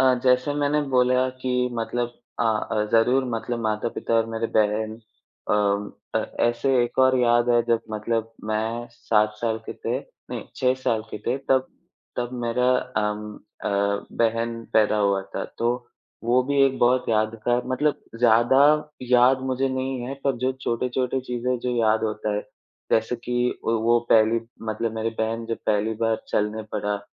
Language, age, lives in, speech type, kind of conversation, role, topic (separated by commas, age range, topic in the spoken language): Hindi, 20-24, India, conversation, unstructured, none, आपके बचपन की कौन-सी खास याद है जो आज भी आपके दिल को छू जाती है?